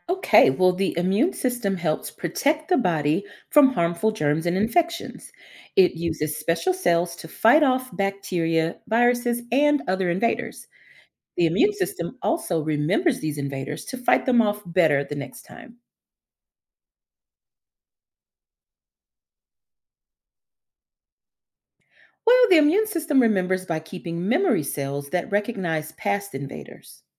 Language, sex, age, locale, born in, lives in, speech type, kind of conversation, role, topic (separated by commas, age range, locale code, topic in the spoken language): English, female, 35-39, en-US, United States, United States, read, expert-assistant, expert, What is the function of the immune system in the human body?